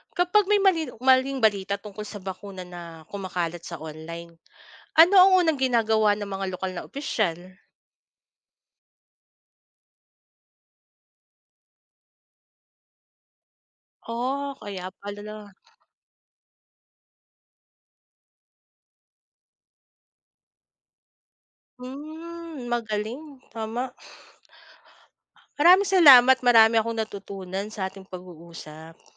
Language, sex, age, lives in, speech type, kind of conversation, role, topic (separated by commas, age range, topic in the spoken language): Filipino, female, 40-44, Philippines, read, expert-assistant, asker, Bakit kaya may mga tao na bukas sa bakuna, habang ang iba ay nagdadalawang-isip pa rin dito?